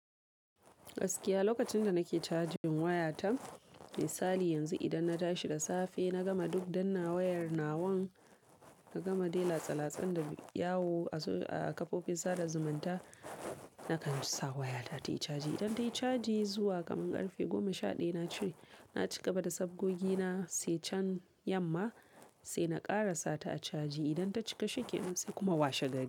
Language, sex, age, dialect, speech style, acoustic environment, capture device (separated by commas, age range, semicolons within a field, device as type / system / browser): Hausa, female, 18-24, Nigeria - Kano, focused, silence; people talking, mobile / Linux / Chrome